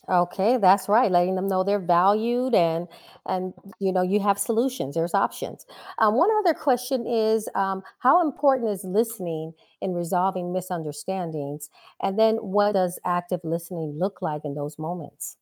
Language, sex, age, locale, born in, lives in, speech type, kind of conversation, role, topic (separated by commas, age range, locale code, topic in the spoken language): English, female, 55-59, en-US, United States, United States, conversation, podcast, host, What are effective ways to resolve misunderstandings while maintaining respect and understanding?